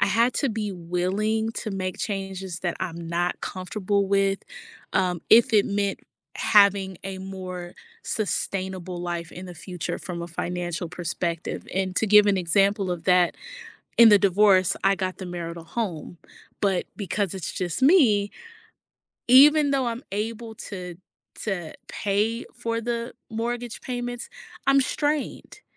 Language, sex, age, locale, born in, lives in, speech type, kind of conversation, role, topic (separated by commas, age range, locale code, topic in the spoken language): English, female, 30-34, en-US, United States, United States, conversation, podcast, guest, What steps can help someone create financial goals they can actually achieve?